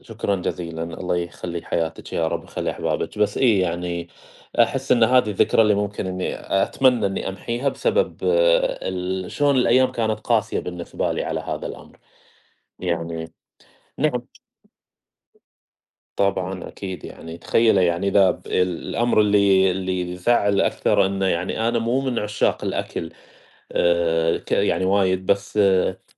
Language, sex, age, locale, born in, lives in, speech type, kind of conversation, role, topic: Arabic, male, 30-34, ar-SA, Saudi Arabia, United States, conversation, unstructured, none, لو تقدر تمسح ذكرى واحدة من الماضي، هتختار إيه وليه؟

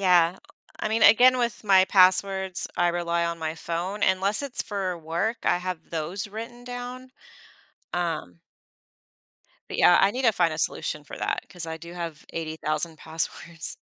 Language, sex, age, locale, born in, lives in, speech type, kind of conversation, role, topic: English, female, 40-44, en-US, United States, United States, conversation, advice, user, How can I reconnect with a middle school friend naturally?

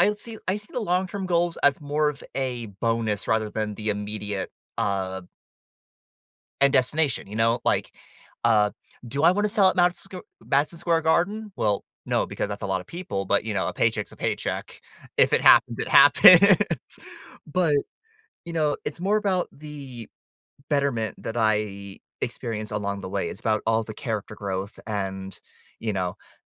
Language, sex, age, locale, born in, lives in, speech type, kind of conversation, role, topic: English, male, 20-24, en-US, United States, United States, conversation, podcast, guest, What motivates you to set future goals?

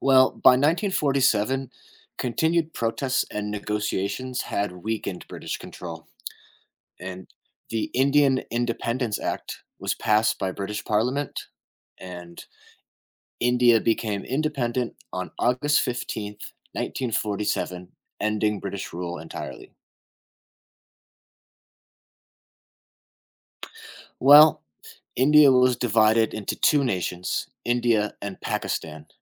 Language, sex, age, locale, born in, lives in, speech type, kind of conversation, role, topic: English, male, 30-34, en-US, United States, United States, read, expert-assistant, expert, What historical events led to the independence of India?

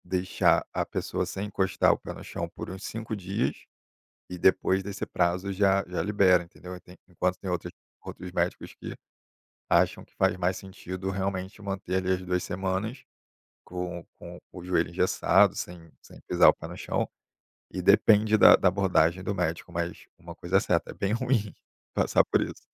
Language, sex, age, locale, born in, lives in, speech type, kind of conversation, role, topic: Portuguese, male, 30-34, pt-BR, Brazil, Germany, conversation, podcast, guest, Como você mantém a motivação no seu hobby?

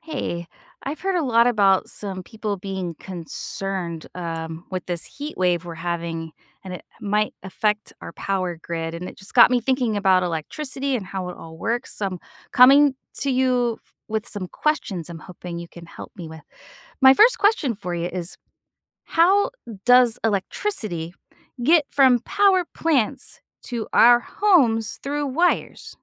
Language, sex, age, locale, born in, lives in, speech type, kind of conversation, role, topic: English, female, 45-49, en-US, United States, United States, read, expert-assistant, asker, How does electricity travel from power plants to homes?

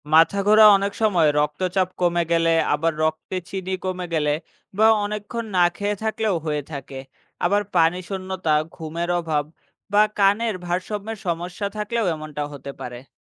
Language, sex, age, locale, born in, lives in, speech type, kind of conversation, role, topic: Bengali, male, 25-29, bn-BD, Bangladesh, Bangladesh, read, expert-assistant, expert, হঠাৎ ঘন ঘন মাথা ঘুরছে—কী কী কারণ হতে পারে এবং কখন ডাক্তারের কাছে যাওয়া উচিত?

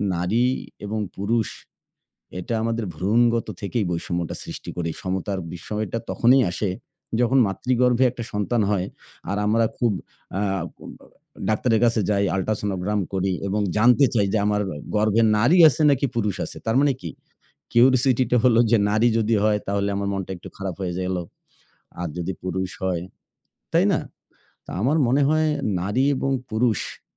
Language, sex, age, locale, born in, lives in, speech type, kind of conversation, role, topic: Bengali, male, 40-44, bn-BD, Bangladesh, Bangladesh, conversation, unstructured, none, আপনার দৃষ্টিতে সমাজে নারী-পুরুষ সমতা কতটা জরুরি?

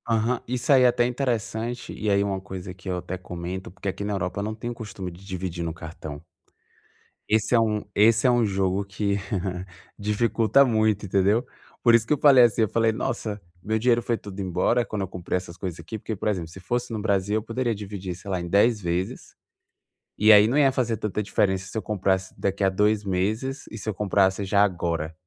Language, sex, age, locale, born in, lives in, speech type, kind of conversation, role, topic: Portuguese, male, 25-29, pt-BR, Brazil, France, conversation, advice, user, Como posso dividir uma meta grande em passos menores?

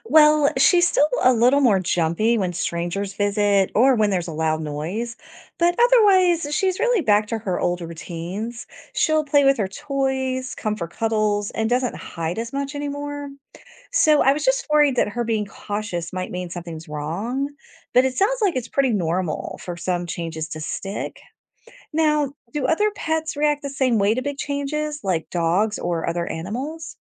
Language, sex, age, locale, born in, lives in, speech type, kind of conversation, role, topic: English, female, 45-49, en-US, United States, United States, read, expert-assistant, asker, Do animals have their own sense of identity, or are they just following instincts?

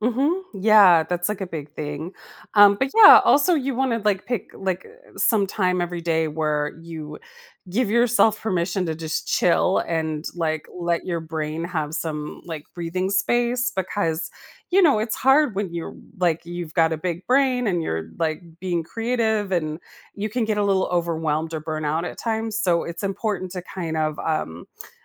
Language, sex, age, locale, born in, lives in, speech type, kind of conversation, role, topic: English, female, 45-49, en-US, United States, United States, conversation, advice, advisor, How can I manage my time better to reduce overwhelm and get important tasks done?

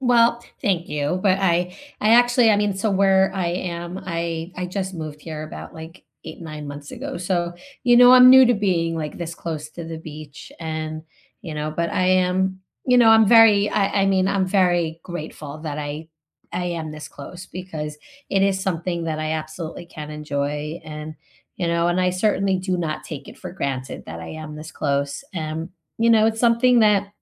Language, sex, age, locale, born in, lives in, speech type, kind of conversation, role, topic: English, female, 45-49, en-US, United States, United States, conversation, unstructured, none, What is one thing that has helped you unwind after busy days this week?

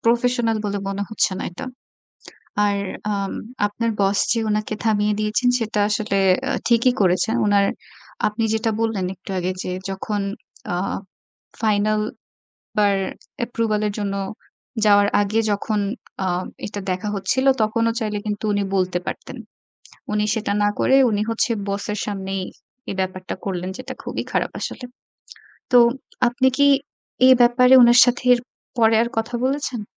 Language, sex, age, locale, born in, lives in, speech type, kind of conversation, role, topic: Bengali, female, 25-29, bn-BD, Bangladesh, Bangladesh, conversation, advice, advisor, জনসম্মুখে কোনো ভুল করার পর তীব্র সমালোচনার মুখোমুখি হওয়ার অভিজ্ঞতা কেমন ছিল?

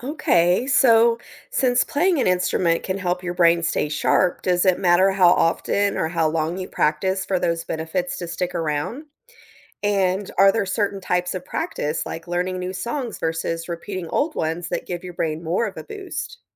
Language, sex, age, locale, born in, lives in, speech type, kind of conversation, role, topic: English, female, 40-44, en-US, United States, United States, read, expert-assistant, asker, What are the benefits of learning to play a musical instrument?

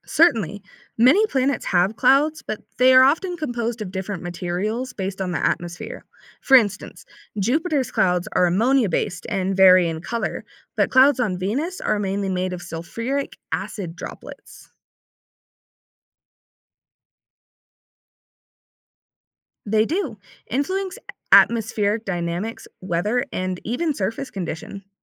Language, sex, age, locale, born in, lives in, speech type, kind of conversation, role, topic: English, female, 20-24, en-US, United States, United States, read, expert-assistant, expert, How do clouds stay in the sky?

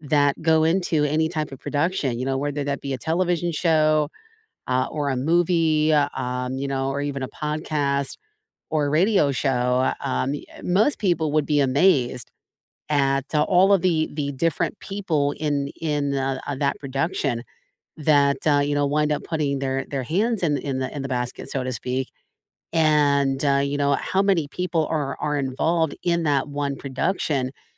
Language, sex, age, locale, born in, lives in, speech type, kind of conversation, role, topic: English, female, 45-49, en-US, United States, United States, conversation, unstructured, none, How have behind-the-scenes roles in entertainment influenced your viewing experience, and whose work do you appreciate most?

